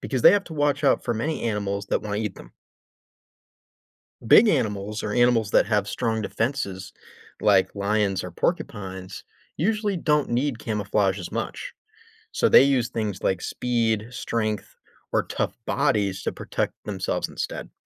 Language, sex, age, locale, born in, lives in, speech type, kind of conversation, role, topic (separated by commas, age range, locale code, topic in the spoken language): English, male, 25-29, en-US, United States, United States, read, expert-assistant, expert, Why do some animals use camouflage to hide from predators?